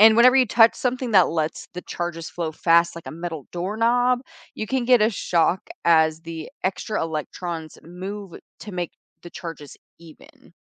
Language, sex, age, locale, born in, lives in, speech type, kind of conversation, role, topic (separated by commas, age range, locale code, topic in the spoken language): English, female, 25-29, en-US, United States, United States, read, expert-assistant, expert, What causes static electricity and shocks?